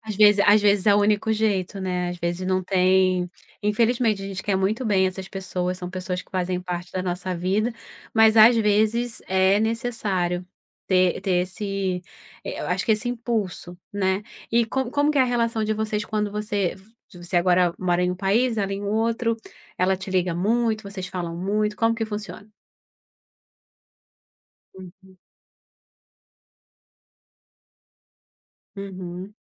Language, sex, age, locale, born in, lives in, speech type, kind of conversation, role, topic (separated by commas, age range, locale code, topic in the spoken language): Portuguese, female, 35-39, pt-BR, Brazil, Portugal, conversation, podcast, host, Como você cuida da sua saúde mental no dia a dia?